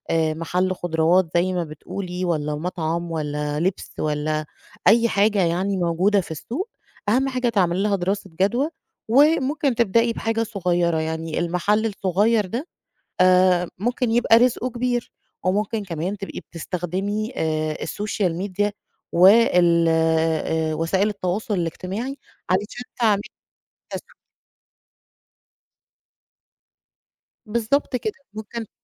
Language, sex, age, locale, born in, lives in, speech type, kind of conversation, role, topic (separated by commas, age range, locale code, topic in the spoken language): Arabic, female, 35-39, ar-EG, Egypt, Egypt, conversation, advice, advisor, إزاي أتغلب على خوفي إني أبدأ عشان قلقان إن اللي هعمله مايبقاش كفاية؟